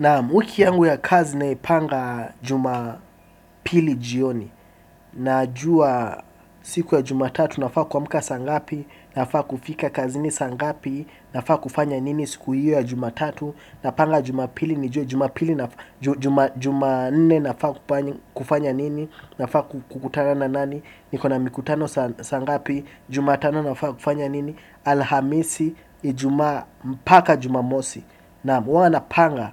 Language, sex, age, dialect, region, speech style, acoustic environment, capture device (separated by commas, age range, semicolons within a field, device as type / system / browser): Swahili, male, 18-24, Kenya - Mombasa, Kenya, relaxed, appliances, desktop / Windows / Chrome